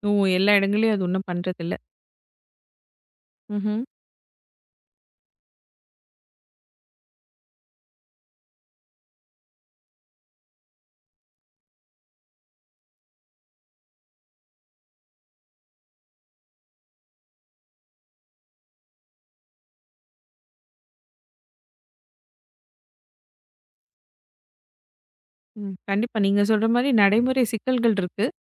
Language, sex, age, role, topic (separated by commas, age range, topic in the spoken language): Tamil, female, 35-39, host, மழைநீரை எளிதாகச் சேமிக்க என்ன செய்ய வேண்டும்?